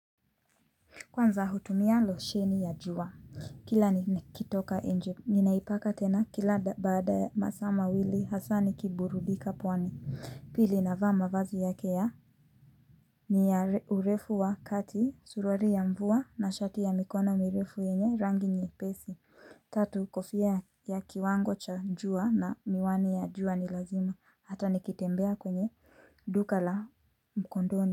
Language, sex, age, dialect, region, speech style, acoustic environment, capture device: Swahili, female, 25-34, Kenya - Nairobi Sheng-influenced, Kenya, relaxed, silence, mobile / Linux / Chrome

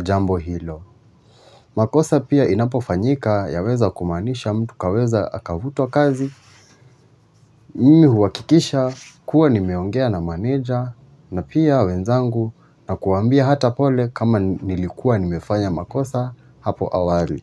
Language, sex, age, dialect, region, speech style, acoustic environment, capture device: Swahili, male, 25-34, Kenya - Nairobi Sheng-influenced, Kenya, relaxed, silence; nature, mobile / Linux / Chrome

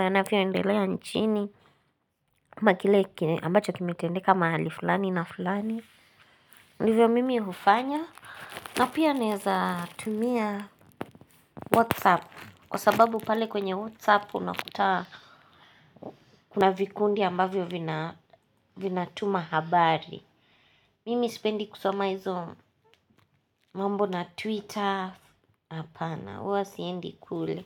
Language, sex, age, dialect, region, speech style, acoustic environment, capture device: Swahili, female, 18-24, Kenya - Nairobi Sheng-influenced, Kenya, relaxed, nature, mobile / Linux / Chrome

